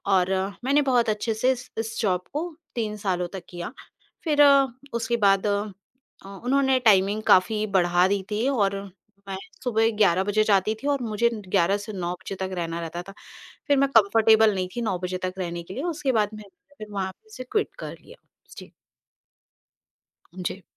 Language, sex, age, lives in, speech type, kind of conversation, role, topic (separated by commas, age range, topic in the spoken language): Hindi, female, 40-44, India, conversation, podcast, guest, Kaise pata karein ki kaun sa kaam hamare liye sach mein maayne rakhta hai?